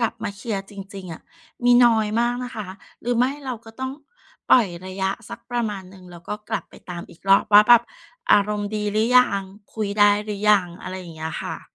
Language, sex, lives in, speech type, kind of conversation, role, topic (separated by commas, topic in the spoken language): Thai, female, Thailand, conversation, podcast, guest, คุณชอบเคลียร์ปัญหาทันทีหรือชอบรอให้ใจเย็นก่อนมากกว่ากัน?